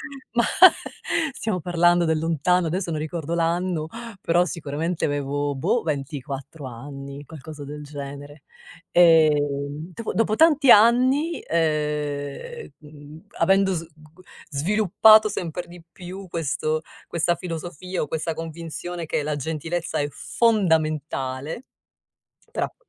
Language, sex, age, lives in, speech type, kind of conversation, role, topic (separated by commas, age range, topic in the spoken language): Italian, female, 50-54, United States, conversation, podcast, guest, Qual è la canzone che ti ha cambiato la vita?